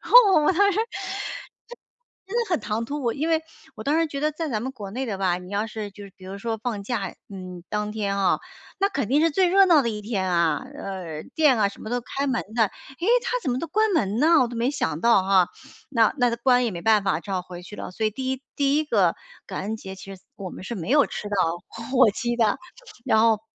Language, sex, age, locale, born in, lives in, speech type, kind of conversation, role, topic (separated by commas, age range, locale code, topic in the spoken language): Chinese, female, 45-49, zh-CN, China, United States, conversation, advice, user, 节日期间我常感到被排斥，我该怎么办？